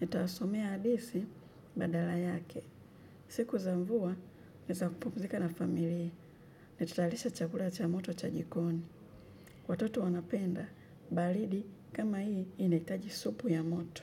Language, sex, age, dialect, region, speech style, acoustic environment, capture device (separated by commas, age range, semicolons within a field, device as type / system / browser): Swahili, female, 25-34, Kenya - Nairobi Sheng-influenced, Kenya, relaxed, nature, mobile / Linux / Chrome